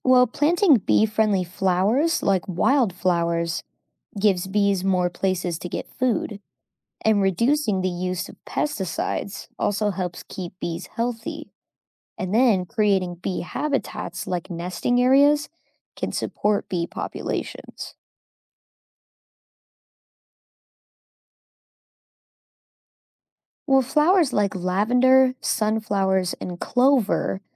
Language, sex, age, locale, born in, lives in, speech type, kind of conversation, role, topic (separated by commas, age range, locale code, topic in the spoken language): English, female, 25-29, en-US, United States, United States, read, expert-assistant, expert, How do bees contribute to pollination?